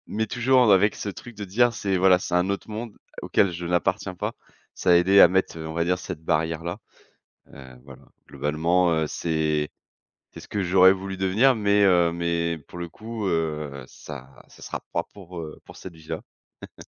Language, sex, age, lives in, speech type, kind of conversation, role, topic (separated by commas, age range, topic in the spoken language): French, male, 30-34, France, conversation, podcast, guest, Quel moment t’a fait comprendre ce que tu voulais devenir ?